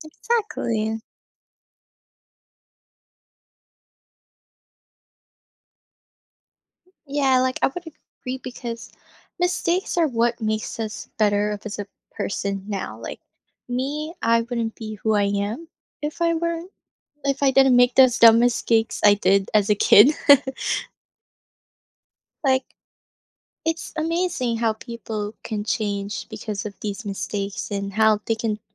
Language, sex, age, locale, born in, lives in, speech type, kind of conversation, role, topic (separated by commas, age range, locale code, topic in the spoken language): English, female, 20-24, en-US, Philippines, United States, conversation, podcast, host, What does it take to inspire others and set a positive example in everyday life?